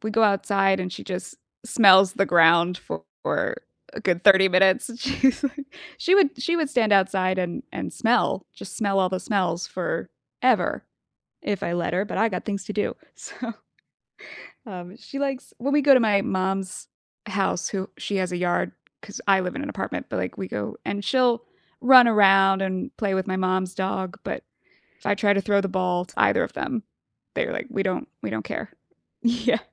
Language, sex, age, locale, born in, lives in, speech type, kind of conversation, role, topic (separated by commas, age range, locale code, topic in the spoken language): English, female, 25-29, en-US, United States, United States, conversation, podcast, host, How do you incorporate stress management into your everyday life?